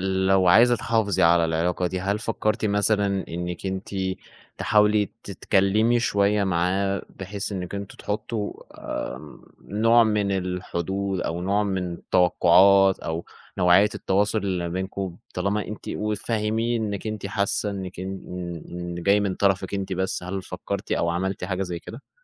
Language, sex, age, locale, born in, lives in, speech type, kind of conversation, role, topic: Arabic, male, 20-24, ar-EG, Egypt, Egypt, conversation, advice, advisor, إزاي أقدر أحافظ على صداقة مع شريكي/شريكتي السابق/السابقة من غير ما أتأذّى؟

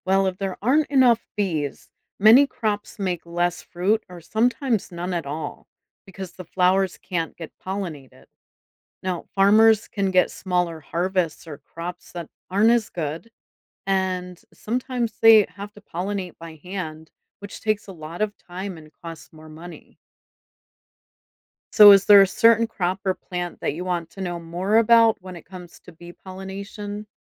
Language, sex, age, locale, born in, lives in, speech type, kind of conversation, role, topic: English, female, 45-49, en-US, United States, United States, read, expert-assistant, expert, How do bees help pollinate plants?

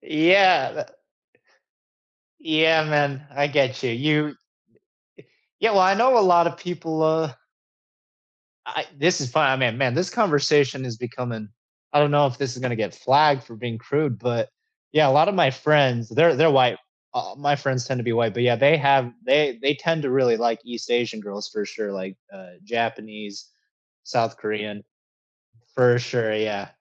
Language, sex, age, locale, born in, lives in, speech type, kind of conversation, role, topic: English, male, 25-29, en-US, United States, United States, conversation, unstructured, none, What surprises you most about being in a relationship?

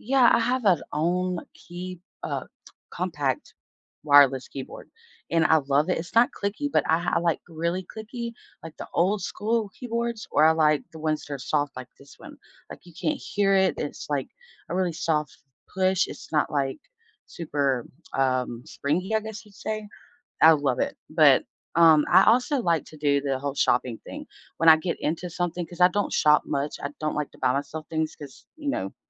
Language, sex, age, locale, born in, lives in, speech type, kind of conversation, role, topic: English, female, 30-34, en-US, United States, United States, conversation, unstructured, none, How can you manage stress through simple, everyday moments, and what are the benefits and limitations of this approach?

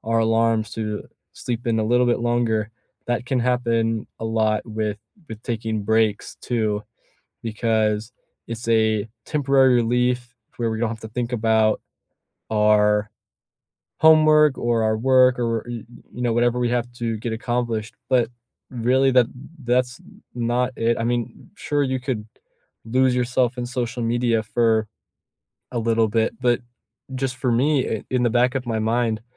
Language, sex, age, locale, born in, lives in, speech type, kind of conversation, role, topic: English, male, 18-19, en-US, United States, United States, conversation, unstructured, none, What habit could you redesign so your days feel lighter and still move you toward what you want?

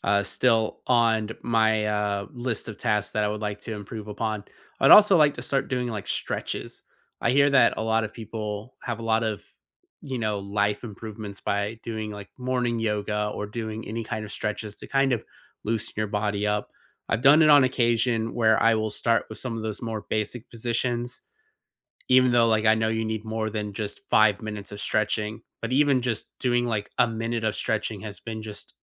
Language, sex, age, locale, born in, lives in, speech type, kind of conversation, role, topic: English, male, 30-34, en-US, United States, United States, conversation, unstructured, none, What small ritual makes your morning feel right for you?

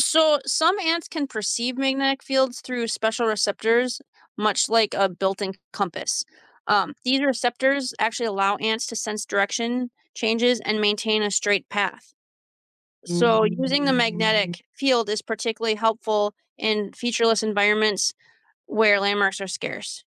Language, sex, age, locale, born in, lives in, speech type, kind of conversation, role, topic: English, female, 35-39, en-US, United States, United States, read, expert-assistant, expert, How do ants find their way back home?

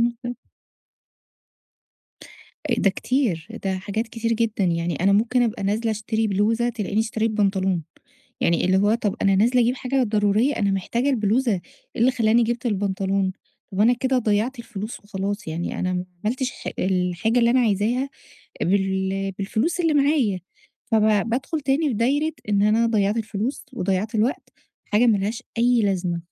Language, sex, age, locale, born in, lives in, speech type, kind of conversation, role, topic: Arabic, female, 30-34, ar-EG, Egypt, Egypt, conversation, advice, user, إزاي أشتري حاجات كويسة من غير ما أضيّع وقتي ولا فلوسي؟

